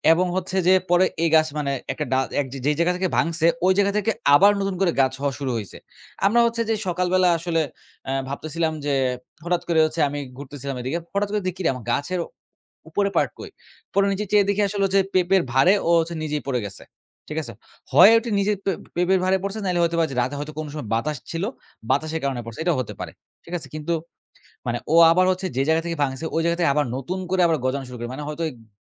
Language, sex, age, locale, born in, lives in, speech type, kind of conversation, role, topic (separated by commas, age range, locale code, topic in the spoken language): Bengali, male, 20-24, bn-BD, Bangladesh, Bangladesh, conversation, podcast, guest, কোন পুরনো স্মৃতি আপনাকে আবার কোনো শখ শুরু করতে অনুপ্রাণিত করেছিল?